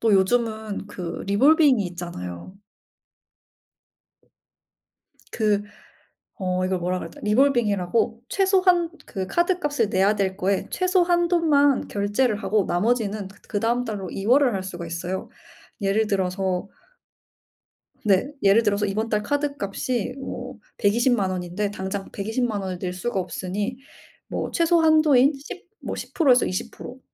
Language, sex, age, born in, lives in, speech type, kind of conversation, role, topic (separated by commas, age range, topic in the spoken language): Korean, female, 25-29, South Korea, Germany, conversation, unstructured, none, 카드 빚을 갚는 일이 왜 이렇게 어려울까요?